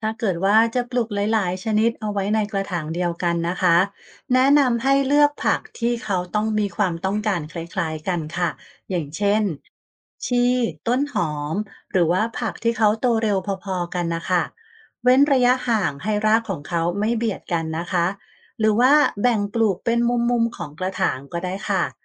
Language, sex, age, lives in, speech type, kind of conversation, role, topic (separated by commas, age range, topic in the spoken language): Thai, female, 45-49, Thailand, read, expert-assistant, expert, ผักที่ปลูกที่บ้านโตช้ากว่าปกติ สาเหตุอาจเป็นอะไรได้บ้าง และมีวิธีสังเกตหรือแก้ไขอย่างไร?